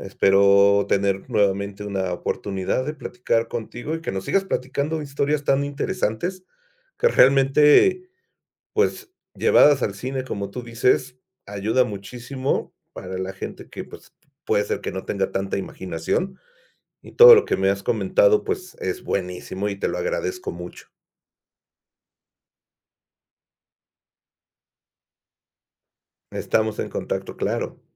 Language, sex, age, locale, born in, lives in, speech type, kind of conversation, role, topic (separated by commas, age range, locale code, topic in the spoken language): Spanish, male, 50-54, es-MX, Mexico, Mexico, conversation, podcast, host, ¿Por qué importa la representación en las películas y las series?